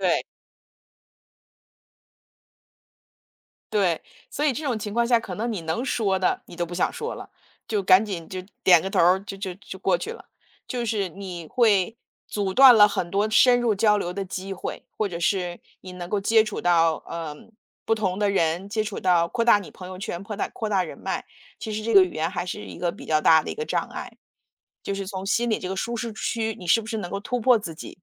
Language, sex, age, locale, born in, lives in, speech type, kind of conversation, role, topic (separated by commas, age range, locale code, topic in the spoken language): Chinese, female, 45-49, zh-CN, China, United States, conversation, podcast, guest, 语言对你的身份有多重要？